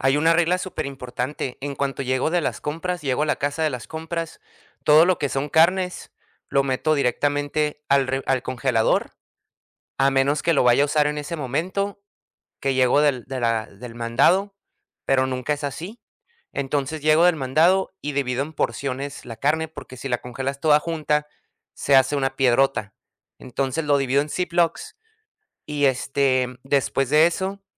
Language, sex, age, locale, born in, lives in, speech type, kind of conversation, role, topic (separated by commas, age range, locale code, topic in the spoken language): Spanish, male, 30-34, es-US, United States, United States, conversation, podcast, guest, ¿Cómo reduces el desperdicio de comida en casa?